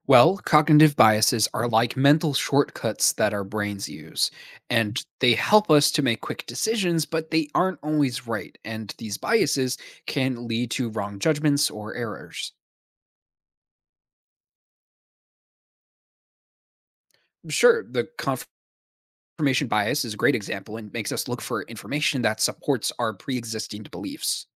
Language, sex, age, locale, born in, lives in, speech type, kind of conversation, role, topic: English, male, 20-24, en-US, United States, United States, read, expert-assistant, expert, What are common cognitive biases in decision-making?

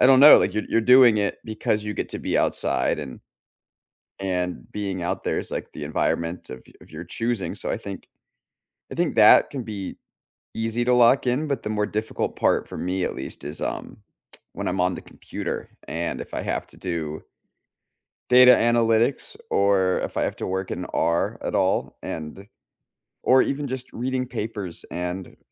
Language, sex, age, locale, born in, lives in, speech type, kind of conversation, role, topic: English, male, 25-29, en-US, United States, United States, conversation, unstructured, none, What kind of work environment helps you do your best, and what helps you sustain it?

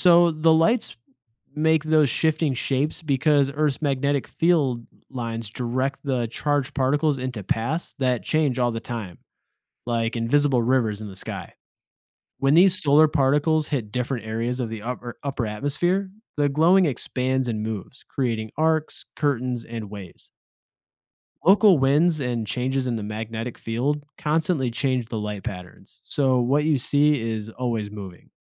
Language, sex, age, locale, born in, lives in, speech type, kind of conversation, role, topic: English, male, 30-34, en-US, United States, United States, read, expert-assistant, expert, What causes the Northern Lights to appear?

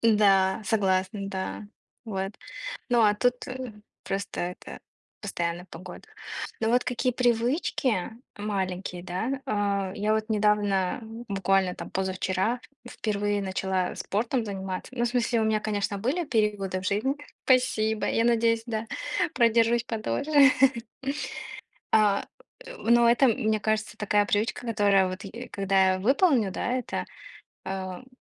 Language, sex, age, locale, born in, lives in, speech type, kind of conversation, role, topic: Russian, female, 25-29, ru-RU, Russia, United States, conversation, unstructured, none, Какие привычки ты считаешь важными для поддержания хорошего настроения?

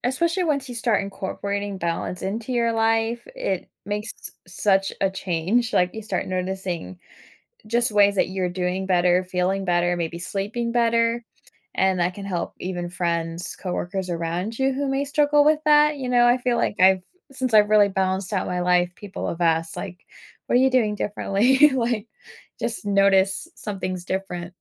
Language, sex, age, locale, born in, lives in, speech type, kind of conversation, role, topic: English, female, 25-29, en-US, United States, United States, conversation, podcast, guest, What habits or routines help you maintain a healthy balance between work and personal life?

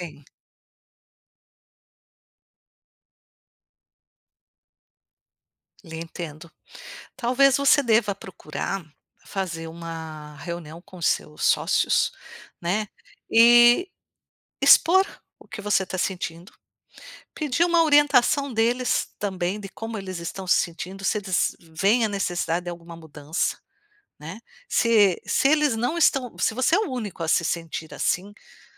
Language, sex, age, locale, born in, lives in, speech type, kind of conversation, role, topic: Portuguese, female, 55-59, pt-BR, Brazil, United States, conversation, advice, advisor, Como lidar com a frustração de um crescimento lento apesar de muito esforço?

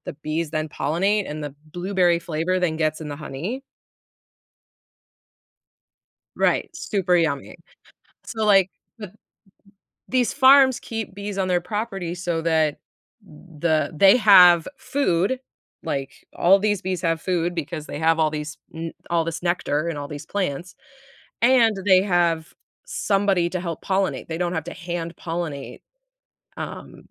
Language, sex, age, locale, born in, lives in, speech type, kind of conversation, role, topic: English, female, 30-34, en-US, United States, United States, conversation, podcast, guest, How do bees help maintain healthy ecosystems and support our food supply?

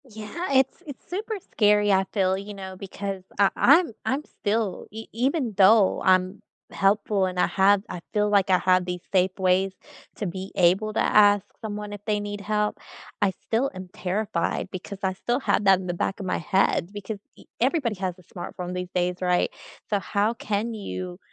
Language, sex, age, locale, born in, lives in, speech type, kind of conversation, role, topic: English, female, 35-39, en-US, United States, United States, conversation, unstructured, none, What’s a kindness from a stranger you’ll never forget, and how did it shape you?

